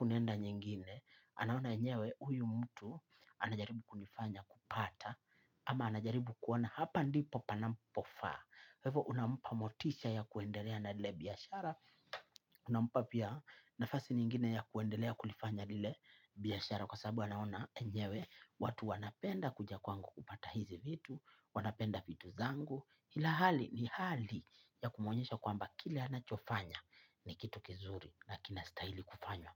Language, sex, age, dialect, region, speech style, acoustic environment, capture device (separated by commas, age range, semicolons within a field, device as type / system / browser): Swahili, male, 25-34, Kenya - Mombasa, Kenya, happy; relaxed; focused, silence, mobile / Linux / Chrome